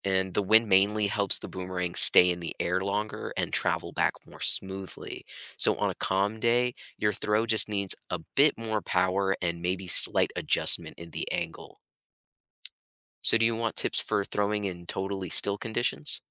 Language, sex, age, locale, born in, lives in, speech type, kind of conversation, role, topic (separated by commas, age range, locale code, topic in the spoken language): English, male, 25-29, en-US, United States, United States, read, expert-assistant, expert, How do you throw and care for a boomerang so it comes back?